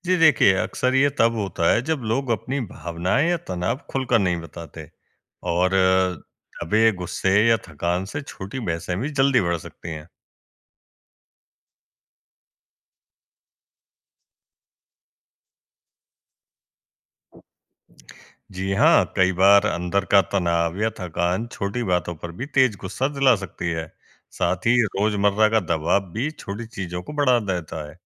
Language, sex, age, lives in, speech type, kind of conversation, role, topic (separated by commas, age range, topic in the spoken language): Hindi, male, 20-24, India, read, expert-assistant, expert, लोगों के बीच झगड़े अक्सर क्यों बढ़ जाते हैं, जबकि शुरुआत में वे छोटी-सी बात से शुरू होते हैं?